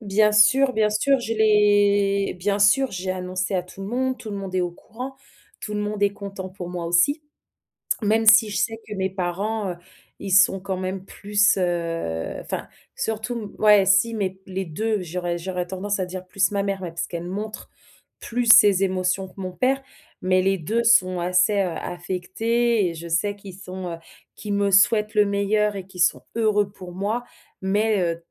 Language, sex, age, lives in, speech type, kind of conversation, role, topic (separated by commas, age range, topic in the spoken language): French, female, 30-34, France, conversation, advice, user, Comment dire au revoir à ma famille et à mes amis avant de déménager à l’étranger ?